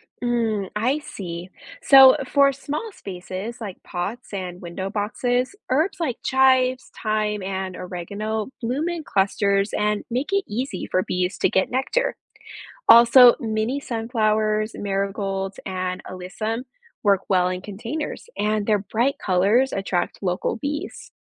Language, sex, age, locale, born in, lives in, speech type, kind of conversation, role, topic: English, female, 30-34, en-US, United States, United States, read, expert-assistant, expert, How do bees help plants grow and produce fruit?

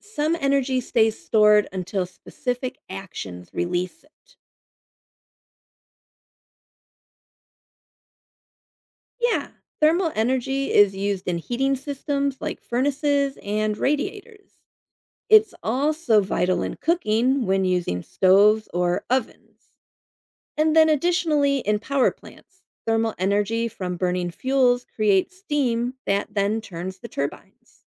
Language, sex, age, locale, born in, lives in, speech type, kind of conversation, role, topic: English, female, 50-54, en-US, United States, United States, read, expert-assistant, expert, How do different forms of energy (kinetic, potential, thermal) relate to each other?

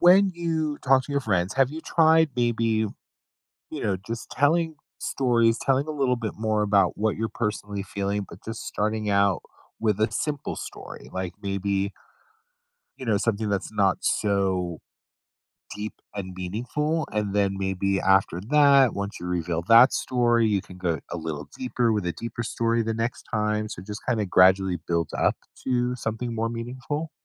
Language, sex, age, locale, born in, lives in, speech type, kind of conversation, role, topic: English, male, 50-54, en-US, United States, United States, conversation, advice, advisor, What should I ask an advisor about building deeper connections?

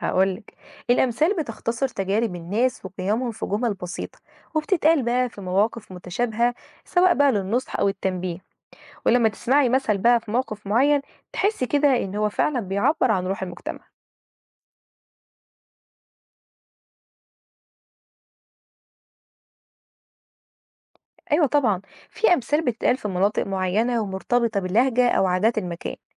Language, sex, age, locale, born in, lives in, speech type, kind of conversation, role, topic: Arabic, female, 20-24, ar-EG, Egypt, Egypt, read, expert-assistant, expert, يعني إيه كلمة 'فن شعبي'، وإيه الحاجات اللي بتفرق الفن الشعبي عن باقي أنواع الفنون؟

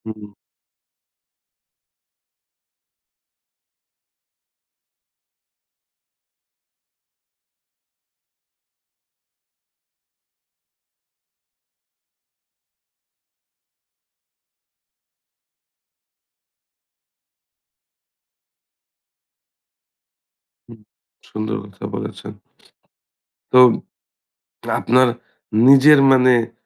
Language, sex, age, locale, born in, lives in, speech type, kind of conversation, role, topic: Bengali, male, 30-34, bn-BD, Bangladesh, Bangladesh, conversation, podcast, host, একজন ভালো মেন্টর কীভাবে হওয়া যায় বলে তোমার মনে হয়?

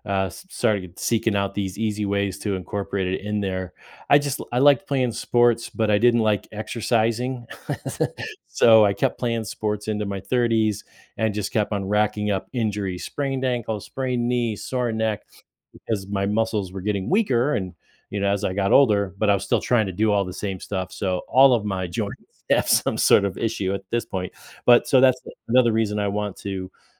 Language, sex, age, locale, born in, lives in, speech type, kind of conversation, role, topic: English, male, 50-54, en-US, United States, United States, conversation, podcast, guest, What small changes can make a big difference in our overall health and wellbeing?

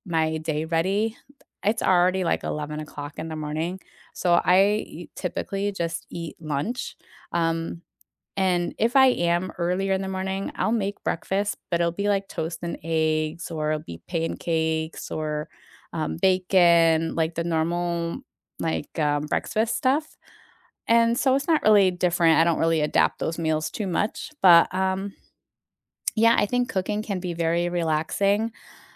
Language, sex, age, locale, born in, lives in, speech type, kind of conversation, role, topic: English, female, 40-44, en-US, United States, United States, conversation, unstructured, none, What small daily rituals can make your mornings better?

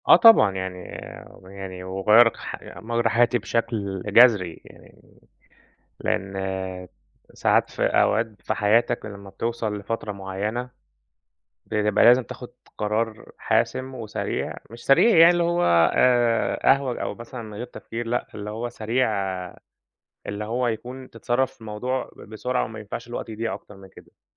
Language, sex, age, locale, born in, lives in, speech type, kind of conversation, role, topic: Arabic, male, 30-34, ar-EG, Egypt, Egypt, conversation, podcast, guest, إيه القرار اللي غيّر مجرى حياتك؟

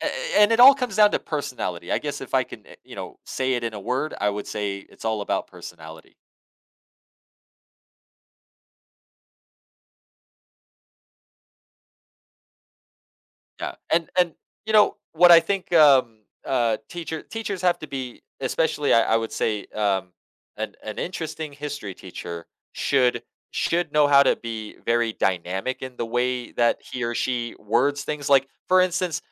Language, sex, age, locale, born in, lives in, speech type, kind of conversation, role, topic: English, male, 30-34, en-US, United States, United States, conversation, podcast, guest, Why is it important to look at the past when trying to make sense of what’s happening today?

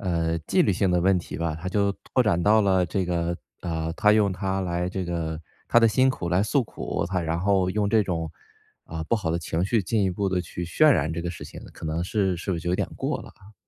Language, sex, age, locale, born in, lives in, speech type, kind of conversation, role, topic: Chinese, male, 35-39, zh-CN, China, United States, conversation, podcast, host, 面对情绪勒索时你会怎么应对？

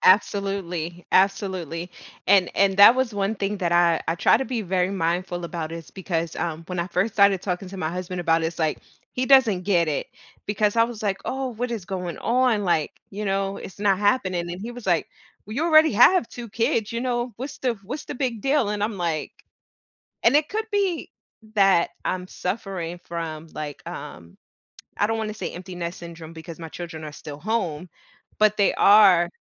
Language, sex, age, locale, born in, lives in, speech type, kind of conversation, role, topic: English, female, 35-39, en-US, United States, United States, conversation, advice, user, How can I cope with an unexpected pregnancy?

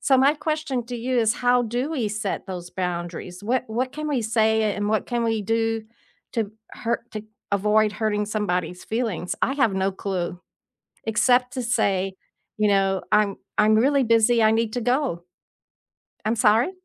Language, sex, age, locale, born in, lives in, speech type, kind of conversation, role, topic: English, female, 70-74, en-US, United States, United States, conversation, unstructured, none, How do you balance digital and face-to-face communication with the people you love?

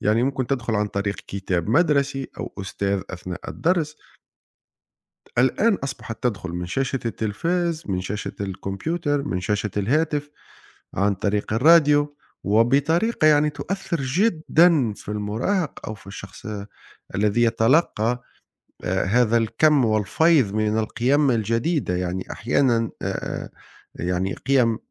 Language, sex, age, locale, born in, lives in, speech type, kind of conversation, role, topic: Arabic, male, 40-44, ar-TN, Tunisia, Italy, conversation, unstructured, none, إزاي الخلافات العائلية بتأثر على علاقاتنا اليومية؟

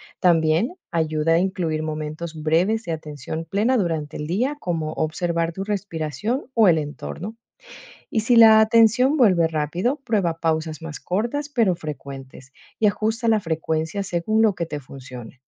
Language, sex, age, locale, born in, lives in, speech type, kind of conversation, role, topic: Spanish, female, 40-44, es-EC, Ecuador, Netherlands, read, expert-assistant, expert, ¿Cómo puedo organizar un plan semanal para cuidar mi salud mental sin descuidar mis responsabilidades diarias?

